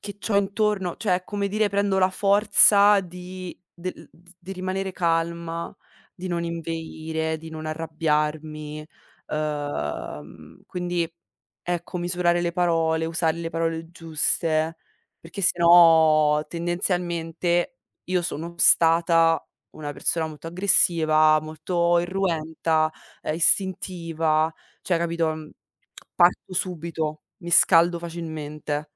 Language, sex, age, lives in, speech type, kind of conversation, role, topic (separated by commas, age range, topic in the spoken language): Italian, female, 25-29, Italy, conversation, unstructured, none, Qual è il ruolo dell’ascolto in una discussione difficile?